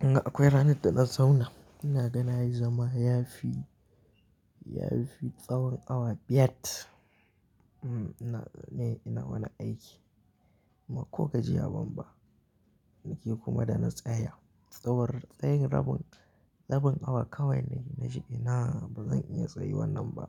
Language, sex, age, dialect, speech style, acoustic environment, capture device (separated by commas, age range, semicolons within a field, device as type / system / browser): Hausa, male, 18-24, Nigeria - Kano, happy, silence, mobile / Linux / Chrome